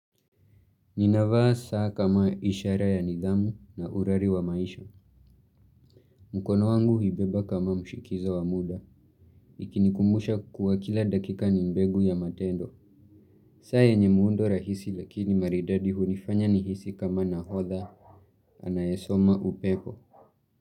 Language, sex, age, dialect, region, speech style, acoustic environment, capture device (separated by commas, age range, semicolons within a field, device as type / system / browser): Swahili, male, 18-24, Kenya - Nairobi Sheng-influenced, Kenya, happy; focused, nature; silence, mobile / Linux / Chrome